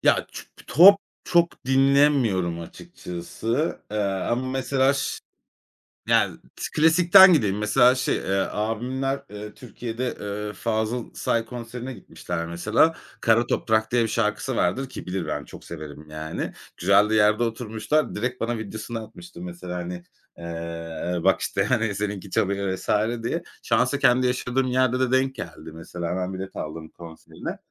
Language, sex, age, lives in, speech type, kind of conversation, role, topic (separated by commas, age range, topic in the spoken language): Turkish, male, 35-39, Spain, conversation, podcast, guest, Günlük rutininde müziğe nasıl yer veriyorsun?